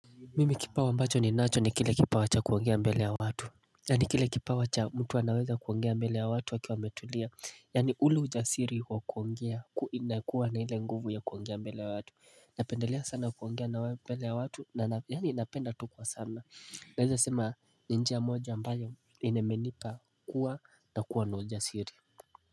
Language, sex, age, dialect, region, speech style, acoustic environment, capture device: Swahili, male, 18-24, Kenya - Mombasa, Kenya, relaxed, silence, mobile / Linux / Chrome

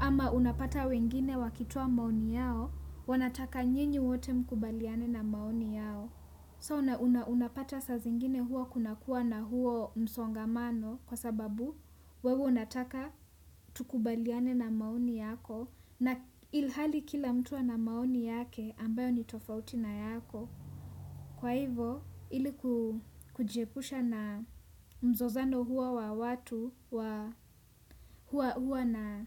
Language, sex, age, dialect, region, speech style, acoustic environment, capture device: Swahili, female, 18-24, Kenya - Nairobi Sheng-influenced, Kenya, relaxed; focused, traffic; nature, desktop / Windows / Chrome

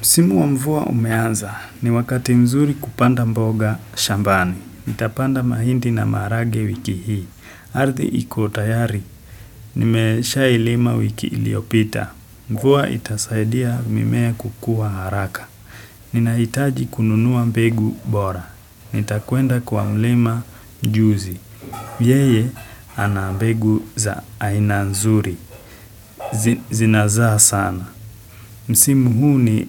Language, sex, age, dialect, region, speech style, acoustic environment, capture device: Swahili, male, 25-34, Kenya - Mombasa, Kenya, happy, silence, desktop / Windows / Chrome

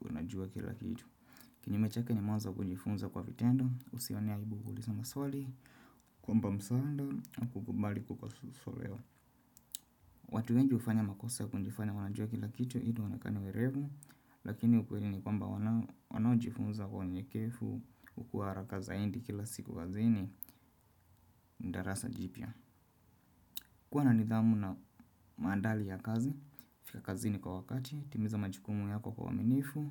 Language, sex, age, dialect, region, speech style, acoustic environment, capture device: Swahili, male, 25-34, Kenya - Nairobi Sheng-influenced, Kenya, relaxed, silence, desktop / Windows / Chrome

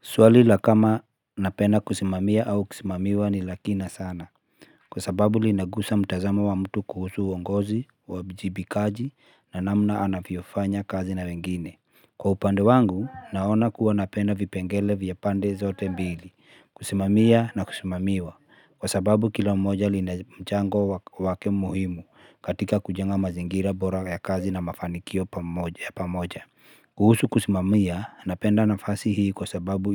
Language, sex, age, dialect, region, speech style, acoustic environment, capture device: Swahili, male, 35-44, Kenya - Nairobi Sheng-influenced, Kenya, anxious, people talking, mobile / Linux / Chrome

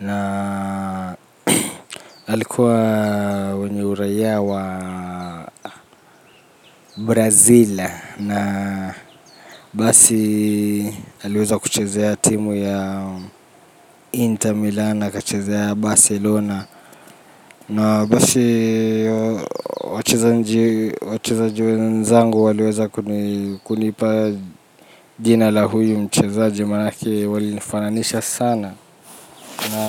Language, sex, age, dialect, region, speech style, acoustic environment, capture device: Swahili, male, 25-34, Kenya - Nairobi Sheng-influenced, Kenya, relaxed, nature, mobile / Linux / Chrome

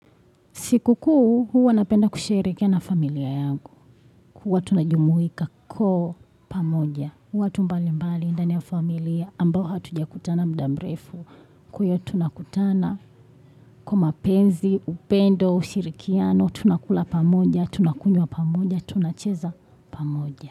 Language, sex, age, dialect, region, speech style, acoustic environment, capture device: Swahili, female, 25-34, Tanzania - Dar es Salaam, Tanzania, happy, people talking, desktop / Windows / Chrome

